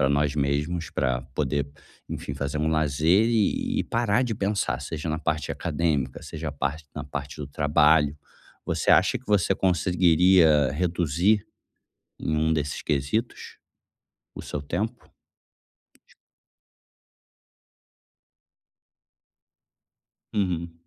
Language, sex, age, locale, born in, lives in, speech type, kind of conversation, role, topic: Portuguese, male, 35-39, pt-BR, Brazil, Germany, conversation, advice, advisor, Como posso criar uma rotina noturna para relaxar e dormir melhor?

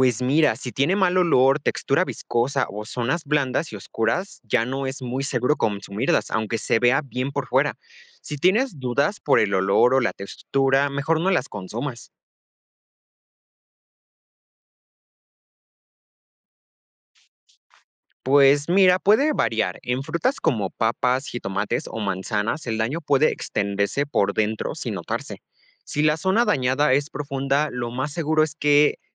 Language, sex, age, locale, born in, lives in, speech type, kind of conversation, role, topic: Spanish, male, 18-19, es-MX, Mexico, Mexico, read, expert-assistant, expert, ¿Qué métodos prácticos hay para mejorar la conservación de alimentos frescos después de la cosecha?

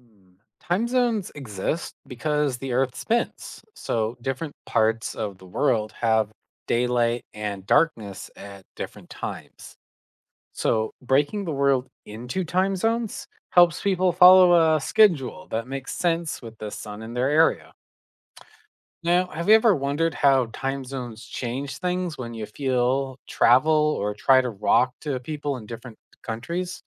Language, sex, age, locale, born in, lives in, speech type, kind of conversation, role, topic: English, male, 25-29, en-CA, Canada, United States, read, expert-assistant, expert, Why do we have time zones worldwide?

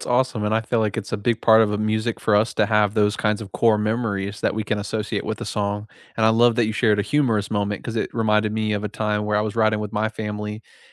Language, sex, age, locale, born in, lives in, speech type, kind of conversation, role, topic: English, male, 55-59, en-US, United States, United States, conversation, unstructured, none, Which songs became the soundtrack to the moments that changed you, and why do they matter?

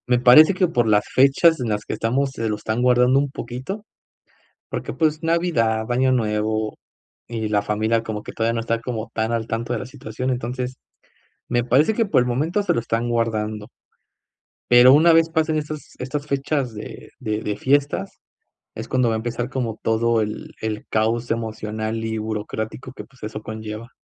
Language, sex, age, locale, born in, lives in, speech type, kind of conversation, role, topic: Spanish, male, 25-29, es-MX, Mexico, Mexico, conversation, advice, user, ¿Cómo puedo ayudar a alguien a desarrollar resiliencia en tiempos de incertidumbre?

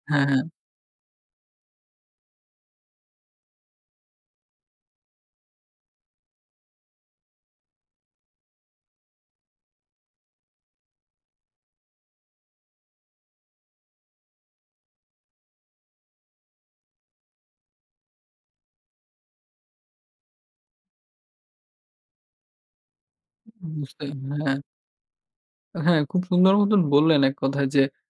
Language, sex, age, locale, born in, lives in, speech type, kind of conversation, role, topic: Bengali, male, 20-24, bn-BD, Bangladesh, Bangladesh, conversation, advice, user, কর্মজীবনে ব্যস্ততায় ব্যায়ামের সময় নেই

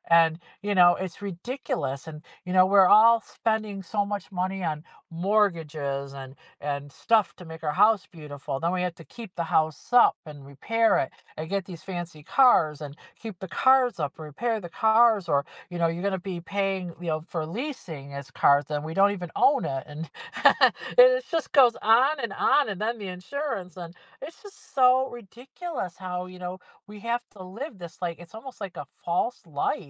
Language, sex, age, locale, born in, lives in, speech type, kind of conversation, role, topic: English, female, 55-59, en-US, United States, United States, conversation, podcast, guest, What habits or strategies help you stay calm and focused when life gets hectic?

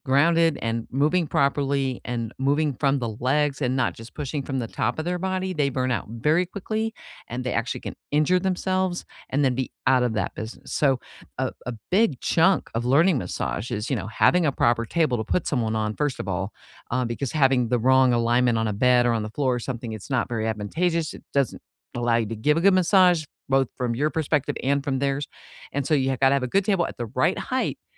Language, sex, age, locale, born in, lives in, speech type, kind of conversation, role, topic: English, female, 55-59, en-US, United States, United States, conversation, unstructured, none, What everyday skills could you teach or trade with each other to learn together and strengthen your connection?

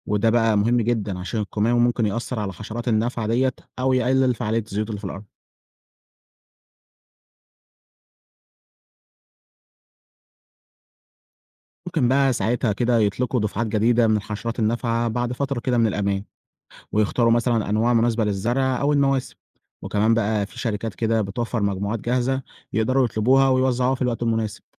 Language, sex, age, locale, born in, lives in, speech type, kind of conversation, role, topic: Arabic, male, 20-24, ar-EG, Egypt, Egypt, read, expert-assistant, expert, إيه الطرق الأنجح في مقاومة الآفات الزراعية: المبيدات الكيميائية ولا الطرق الحيوية؟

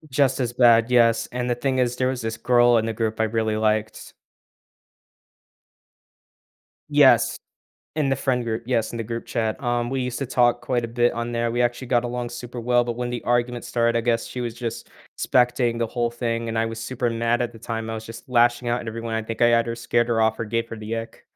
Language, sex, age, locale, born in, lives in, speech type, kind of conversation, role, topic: English, male, 18-19, en-US, United States, United States, conversation, advice, user, How can I apologize and repair my friendship after saying something harsh?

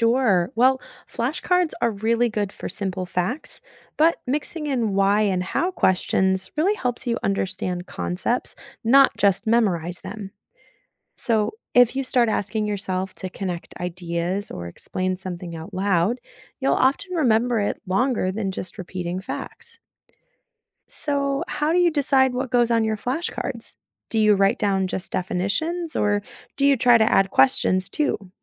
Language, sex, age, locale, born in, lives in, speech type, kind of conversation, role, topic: English, female, 30-34, en-US, United States, United States, read, expert-assistant, expert, Why is it important to develop good study habits?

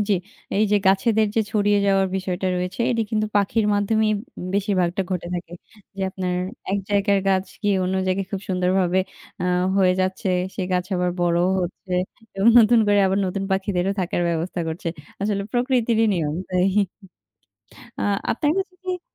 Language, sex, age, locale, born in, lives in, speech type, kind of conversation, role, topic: Bengali, female, 20-24, bn-BD, Bangladesh, Bangladesh, conversation, unstructured, none, পাখিদের বাসস্থান ধ্বংস হওয়ার কথা ভাবলে আপনার কেমন লাগে?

